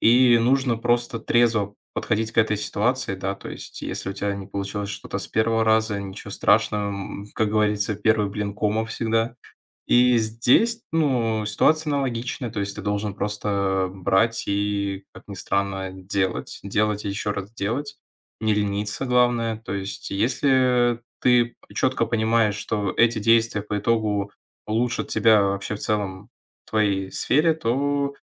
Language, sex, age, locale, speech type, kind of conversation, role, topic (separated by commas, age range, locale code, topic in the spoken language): Russian, male, 20-24, ru-RU, conversation, podcast, guest, Как вы справляетесь с творческим ступором, когда он приходит?